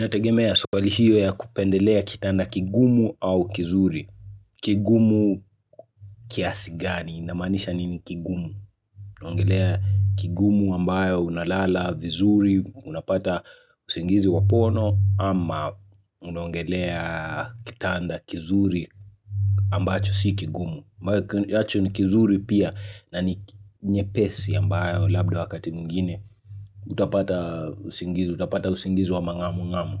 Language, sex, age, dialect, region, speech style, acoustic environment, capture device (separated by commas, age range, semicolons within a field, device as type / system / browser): Swahili, male, 25-34, Kenya - Nairobi Sheng-influenced, Kenya, nervous, silence, mobile / Linux / Chrome